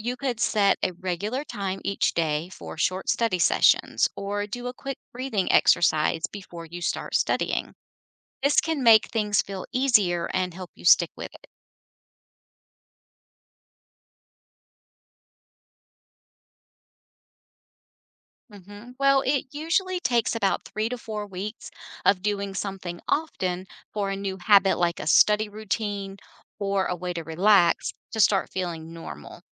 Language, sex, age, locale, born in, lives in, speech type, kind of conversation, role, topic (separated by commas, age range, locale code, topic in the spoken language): English, female, 50-54, en-US, United States, United States, read, expert-assistant, expert, What are practical ways to reduce stress during exams?